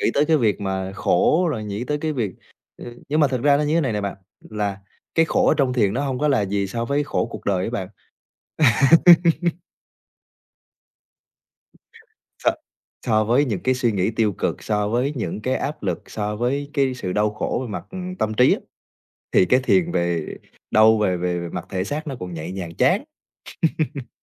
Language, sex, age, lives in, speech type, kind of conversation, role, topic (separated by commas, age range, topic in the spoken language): Vietnamese, male, 20-24, Vietnam, conversation, podcast, guest, Làm sao để duy trì thói quen thiền đều đặn?